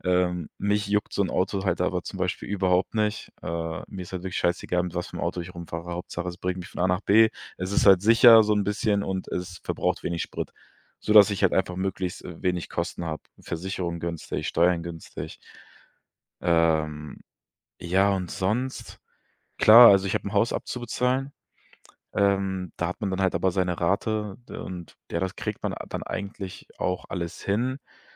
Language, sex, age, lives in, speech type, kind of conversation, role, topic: German, male, 25-29, Germany, conversation, advice, user, Wie kann ich als Gründer mit der überwältigenden Verantwortung und dem Druck umgehen?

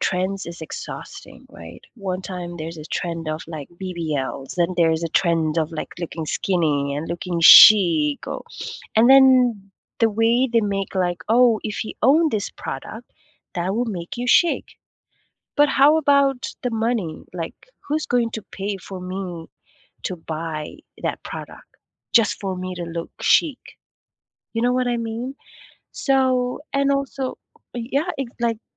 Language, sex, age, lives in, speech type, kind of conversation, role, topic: English, female, 25-29, United States, conversation, unstructured, none, Do you think social media does more harm than good?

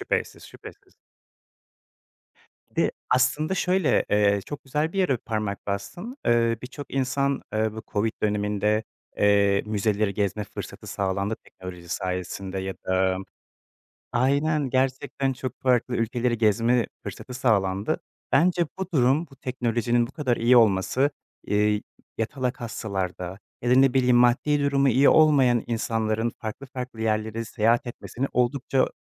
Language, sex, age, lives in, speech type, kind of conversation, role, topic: Turkish, male, 25-29, Poland, conversation, unstructured, none, Teknoloji hayatımızı nasıl değiştiriyor?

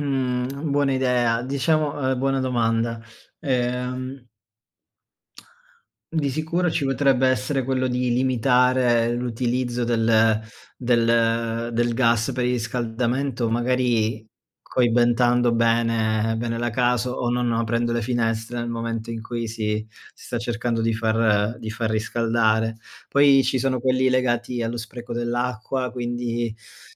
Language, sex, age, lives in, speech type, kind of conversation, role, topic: Italian, male, 30-34, Germany, conversation, unstructured, none, Quali piccoli gesti possiamo fare ogni giorno per proteggere l’ambiente?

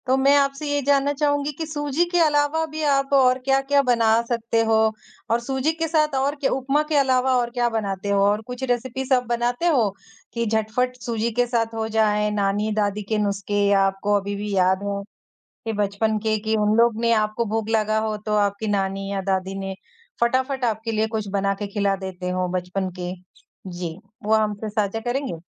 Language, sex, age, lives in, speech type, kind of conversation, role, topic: Hindi, female, 20-24, India, conversation, podcast, host, अगर आपके पास सिर्फ़ 30 मिनट हों, तो आप क्या बनाते हैं?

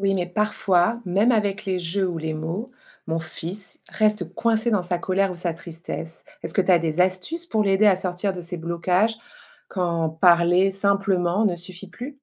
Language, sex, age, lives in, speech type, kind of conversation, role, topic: French, female, 45-49, France, read, expert-assistant, asker, Comment aider un enfant à reconnaître et exprimer ses émotions ?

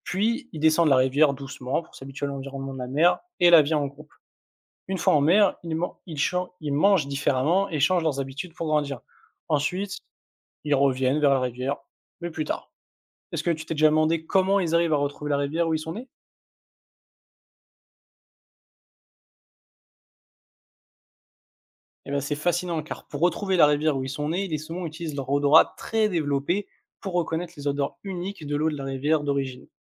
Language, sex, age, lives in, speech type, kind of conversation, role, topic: French, male, 20-24, France, read, expert-assistant, expert, Comment les saumons remontent-ils les rivières pour pondre ?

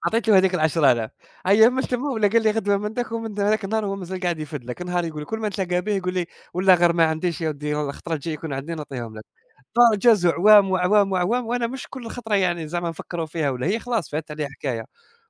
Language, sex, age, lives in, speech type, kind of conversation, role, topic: Arabic, male, 20-24, Germany, conversation, unstructured, none, هل بتحس إن الفلوس ممكن تعمل مشاكل في العلاقات الشخصية؟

